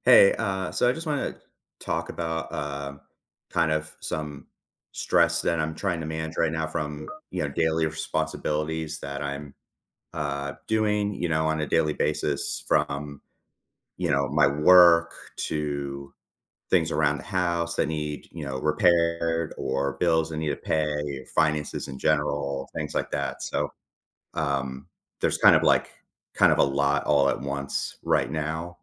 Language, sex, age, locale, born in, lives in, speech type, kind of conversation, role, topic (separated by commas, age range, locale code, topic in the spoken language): English, male, 40-44, en-US, United States, United States, conversation, advice, user, How can I manage daily stress from responsibilities?